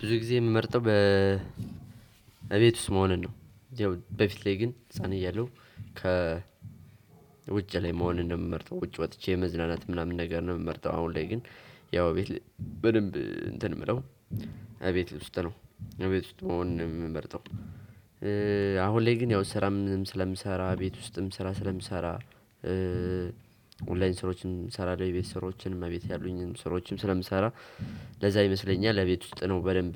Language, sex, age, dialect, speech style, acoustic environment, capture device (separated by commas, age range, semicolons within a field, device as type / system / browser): Amharic, male, 18-24, Ethiopia - Gojjam, tired; excited, silence, desktop / Windows / Chrome